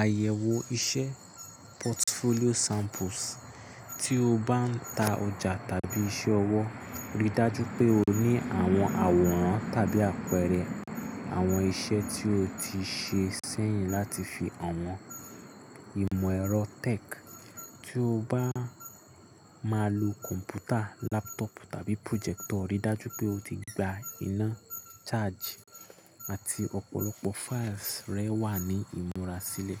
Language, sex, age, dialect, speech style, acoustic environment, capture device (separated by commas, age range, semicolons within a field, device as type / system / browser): Yoruba, male, 25-34, Nigeria - Nigerian Standard, focused, nature, mobile / Linux / Chrome